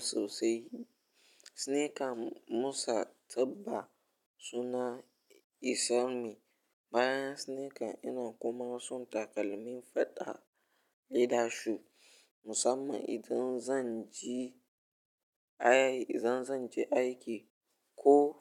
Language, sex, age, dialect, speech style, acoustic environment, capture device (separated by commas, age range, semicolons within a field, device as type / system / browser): Hausa, male, 18-24, Nigeria - Ibadan, relaxed, nature, mobile / Linux / Chrome